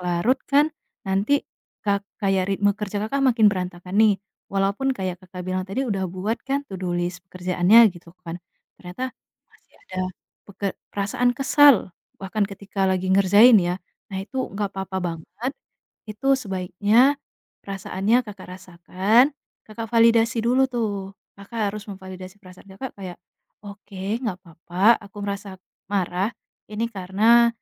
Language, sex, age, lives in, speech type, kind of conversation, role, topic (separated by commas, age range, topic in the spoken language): Indonesian, female, 25-29, Indonesia, conversation, advice, advisor, Bagaimana cara mengatakan “tidak” dengan jujur dan sopan ketika saya sudah terlanjur berkata “ya” lalu menyesal?